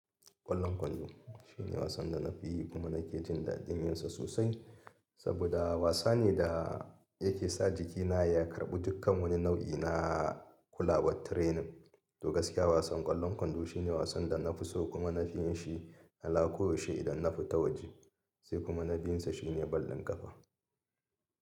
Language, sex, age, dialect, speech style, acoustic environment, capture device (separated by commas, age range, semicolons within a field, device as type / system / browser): Hausa, male, 35-44, Nigeria - Kano, happy, people talking; appliances; nature, mobile / Linux / Chrome